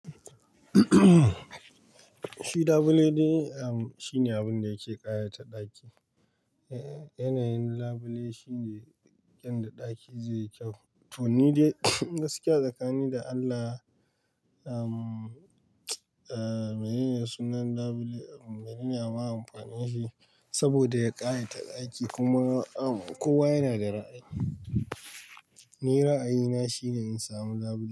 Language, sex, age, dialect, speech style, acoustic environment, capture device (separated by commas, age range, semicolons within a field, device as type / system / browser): Hausa, male, 25-34, Nigeria - Pidgin-influenced, tired; stressed, nature, mobile / Linux / Chrome